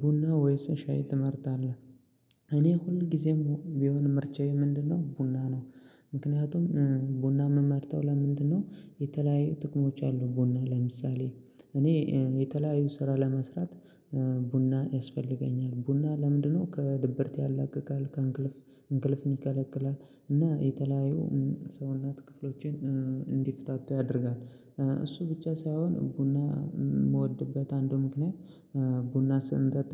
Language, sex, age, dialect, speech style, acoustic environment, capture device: Amharic, male, 25-34, Ethiopia - Gojjam, excited, silence, mobile / Linux / Chrome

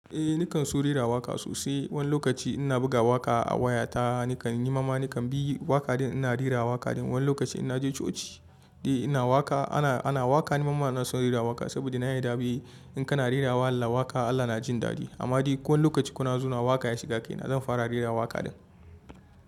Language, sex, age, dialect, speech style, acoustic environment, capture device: Hausa, male, 25-34, Nigeria - Kano, happy, people talking, mobile / Linux / Chrome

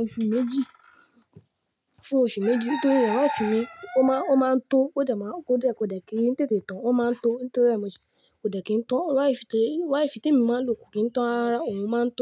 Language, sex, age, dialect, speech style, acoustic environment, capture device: Yoruba, male, 18-24, Nigeria - Lagos Yoruba, relaxed, nature; silence, mobile / Linux / Chrome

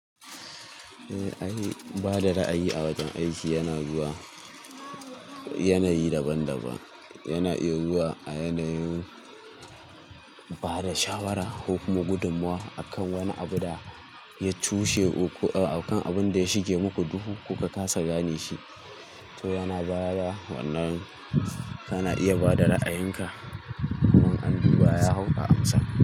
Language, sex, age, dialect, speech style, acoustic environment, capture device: Hausa, male, 18-24, Nigeria - Kano, focused, nature, mobile / Linux / Chrome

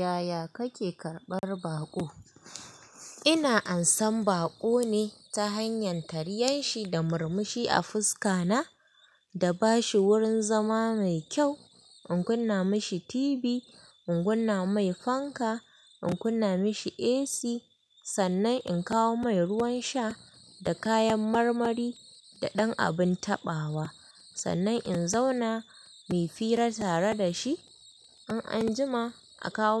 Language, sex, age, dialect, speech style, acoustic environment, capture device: Hausa, female, 25-34, Nigeria - Kano, happy; excited, people talking, mobile / Linux / Chrome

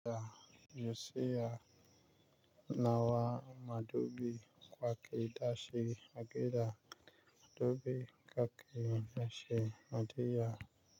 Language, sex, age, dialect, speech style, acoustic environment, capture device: Hausa, male, 25-34, Nigeria - Nigerian Standard, focused, nature, mobile / Linux / Chrome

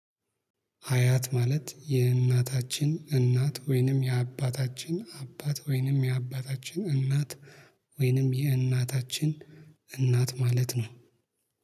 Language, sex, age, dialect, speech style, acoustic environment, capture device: Amharic, male, 25-34, Ethiopia - Addis Ababa, happy; focused, appliances, mobile / Linux / Chrome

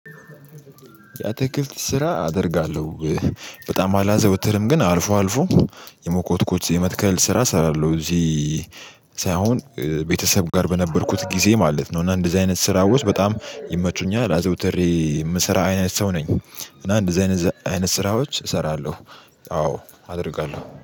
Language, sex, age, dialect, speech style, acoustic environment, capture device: Amharic, male, 18-24, Ethiopia - Addis Ababa, excited, silence, mobile / Linux / Chrome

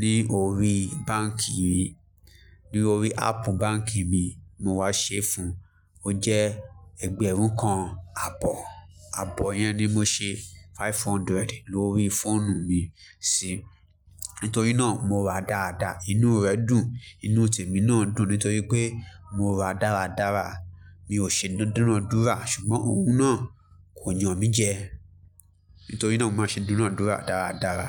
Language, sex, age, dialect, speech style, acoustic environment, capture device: Yoruba, male, 25-34, Nigeria - Lagos Yoruba, focused, music; people talking, mobile / Linux / Chrome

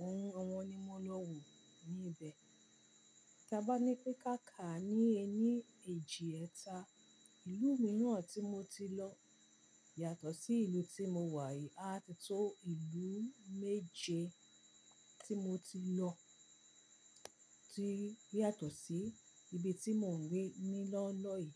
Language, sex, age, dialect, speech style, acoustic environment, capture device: Yoruba, female, 45-54, Nigeria - Ibadan, relaxed, silence; appliances, mobile / Linux / Chrome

